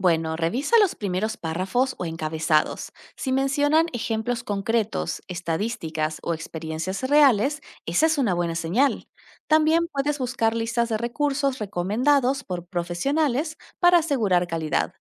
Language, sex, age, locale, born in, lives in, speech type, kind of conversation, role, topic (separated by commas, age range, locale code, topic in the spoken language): Spanish, female, 30-34, es-AR, Argentina, Netherlands, read, expert-assistant, expert, ¿Cómo puedo mantenerme actualizado con las tendencias de medios digitales si tengo poco tiempo disponible?